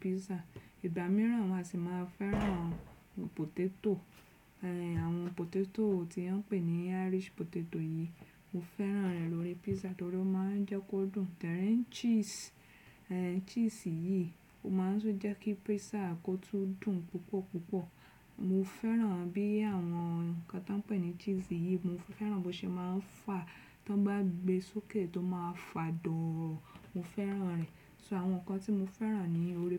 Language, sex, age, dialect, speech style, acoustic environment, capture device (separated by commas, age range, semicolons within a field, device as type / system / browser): Yoruba, female, 35-44, Nigeria - Lagos Yoruba, relaxed; focused, silence, desktop / Windows / Chrome